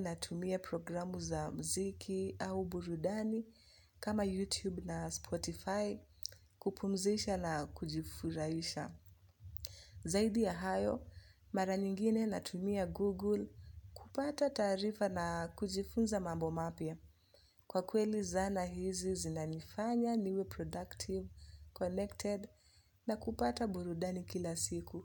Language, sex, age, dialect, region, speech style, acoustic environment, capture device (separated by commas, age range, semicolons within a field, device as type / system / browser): Swahili, female, 25-34, Kenya - Nairobi Sheng-influenced, Kenya, relaxed; focused, music; nature, mobile / Linux / Chrome